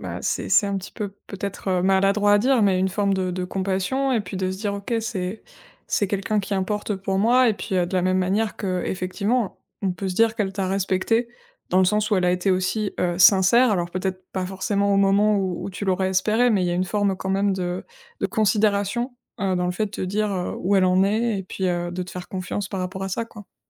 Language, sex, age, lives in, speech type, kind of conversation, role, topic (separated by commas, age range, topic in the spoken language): French, female, 25-29, France, conversation, advice, advisor, Comment décririez-vous un sentiment de honte ou de culpabilité qui ne s’atténue pas ?